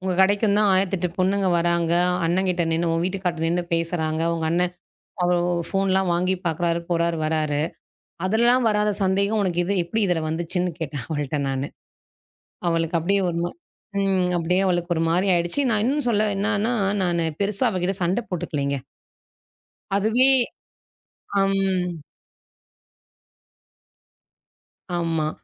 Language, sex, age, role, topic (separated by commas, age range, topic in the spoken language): Tamil, female, 35-39, guest, கருத்து வேறுபாடு ஏற்பட்டால் நட்பை எப்படி காப்பாற்றுவீர்கள்?